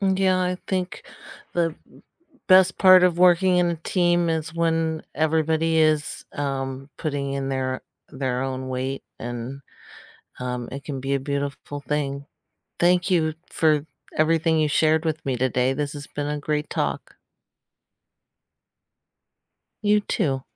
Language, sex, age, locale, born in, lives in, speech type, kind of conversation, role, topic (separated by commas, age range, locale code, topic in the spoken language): English, female, 40-44, en-US, United States, United States, conversation, unstructured, none, What is the best part of working in a team?